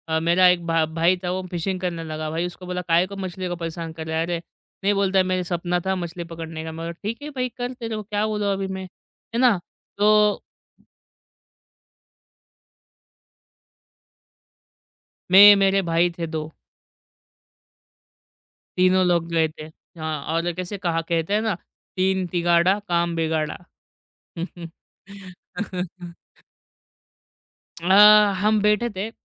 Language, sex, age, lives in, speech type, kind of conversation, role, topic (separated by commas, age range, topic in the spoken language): Hindi, male, 25-29, India, conversation, podcast, guest, Aisa kaunsa wildlife encounter hai jo tumhe aaj tak yaad hai?